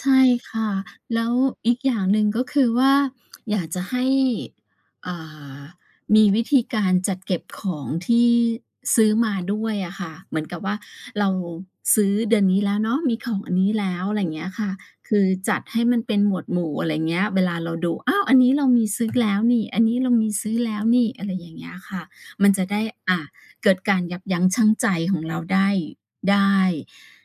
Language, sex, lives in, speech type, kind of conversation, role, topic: Thai, female, Thailand, conversation, advice, advisor, คุณเคยซื้อของที่ไม่จำเป็นเพราะกลัวพลาดโปรโมชั่นหรือดีลดีๆ แล้วเอามาเก็บไว้ไหม?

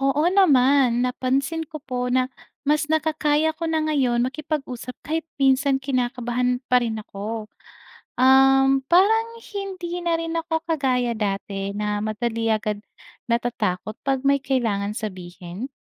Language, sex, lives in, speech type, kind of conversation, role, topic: Filipino, female, Philippines, read, expert-assistant, asker, Paano nakakaapekto ang pagiging mahiyain sa pakikisalamuha sa iba?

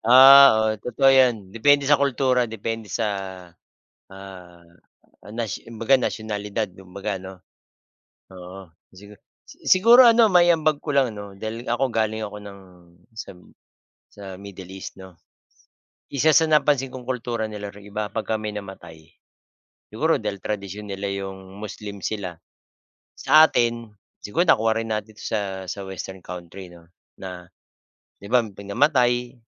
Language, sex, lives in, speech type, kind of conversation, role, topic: Filipino, male, Philippines, conversation, unstructured, none, Paano mo ipaliliwanag ang kahalagahan ng pamilya sa ating kultura?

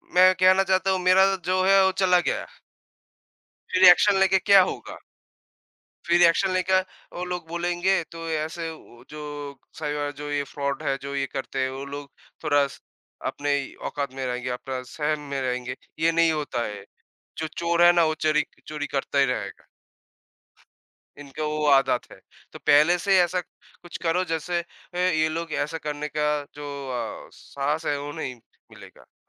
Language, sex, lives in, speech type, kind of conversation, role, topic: Hindi, male, India, conversation, unstructured, none, क्या साइबर सुरक्षा पर ध्यान देना ज़रूरी है, और क्यों?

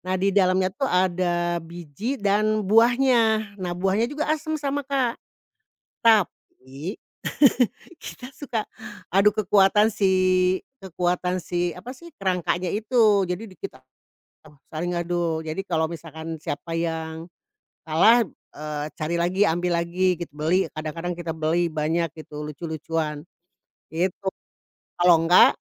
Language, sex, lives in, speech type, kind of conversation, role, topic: Indonesian, female, Indonesia, conversation, podcast, guest, Camilan masa kecil apa yang sampai sekarang belum pernah kamu temukan lagi?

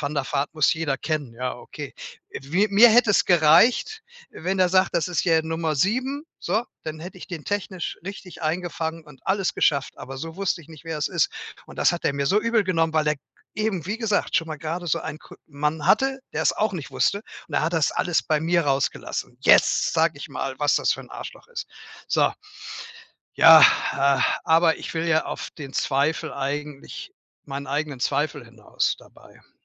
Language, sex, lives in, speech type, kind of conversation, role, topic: German, male, Germany, conversation, advice, user, Wie gehst du nach einem Rückschlag mit Motivationsverlust und Zweifeln an deinem Ziel um?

